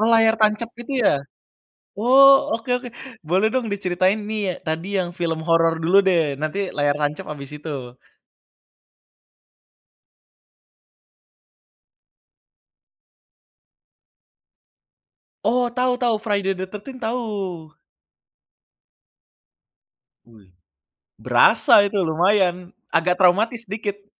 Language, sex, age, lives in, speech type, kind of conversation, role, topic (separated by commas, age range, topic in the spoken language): Indonesian, male, 25-29, Indonesia, conversation, podcast, host, Apa pengalaman paling berkesan yang pernah kamu alami bersama keluarga?